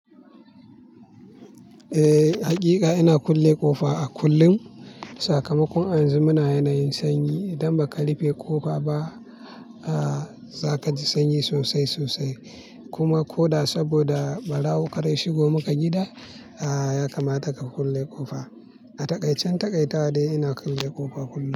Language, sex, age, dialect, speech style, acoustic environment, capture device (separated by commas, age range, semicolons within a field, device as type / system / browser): Hausa, male, 25-34, Nigeria - Kano, happy; relaxed; focused; excited, silence; nature, mobile / Linux / Chrome